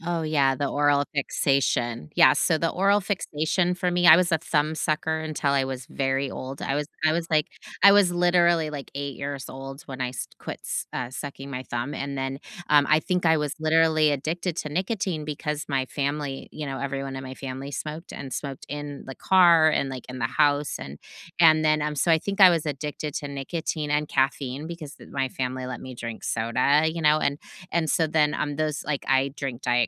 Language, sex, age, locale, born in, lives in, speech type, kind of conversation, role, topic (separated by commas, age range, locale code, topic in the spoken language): English, female, 45-49, en-US, United States, United States, conversation, unstructured, none, What’s a habit you have that surprises people when they learn about it?